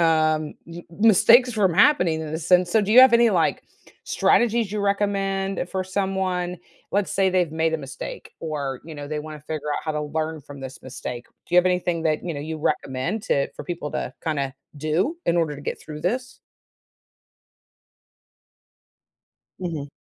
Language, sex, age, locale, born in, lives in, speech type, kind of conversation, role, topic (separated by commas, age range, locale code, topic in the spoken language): English, female, 50-54, en-US, United States, United States, conversation, podcast, host, How can learning from our mistakes lead to personal and professional growth?